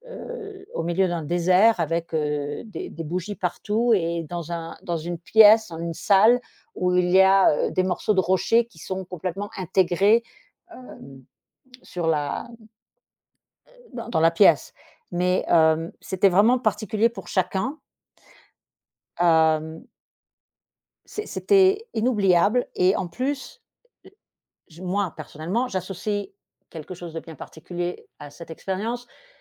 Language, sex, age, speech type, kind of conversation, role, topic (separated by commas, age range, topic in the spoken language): French, female, 50-54, conversation, podcast, guest, Quel concert t’a vraiment transformé ?